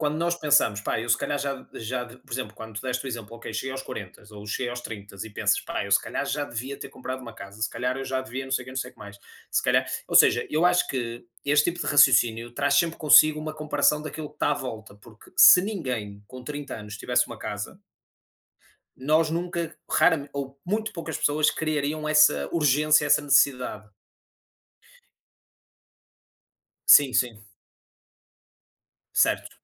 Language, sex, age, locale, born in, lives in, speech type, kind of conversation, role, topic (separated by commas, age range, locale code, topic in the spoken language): Portuguese, male, 30-34, pt-PT, Portugal, Portugal, conversation, unstructured, none, Como você lida com a sensação de estar atrasado em relação aos outros?